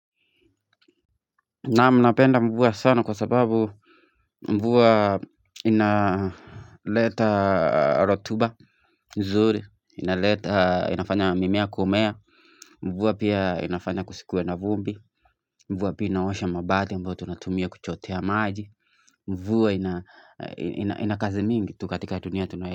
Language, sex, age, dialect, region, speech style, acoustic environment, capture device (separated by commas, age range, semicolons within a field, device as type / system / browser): Swahili, male, 25-34, Kenya - Nairobi Sheng-influenced, Kenya, happy; anxious, people talking; music, mobile / Linux / Chrome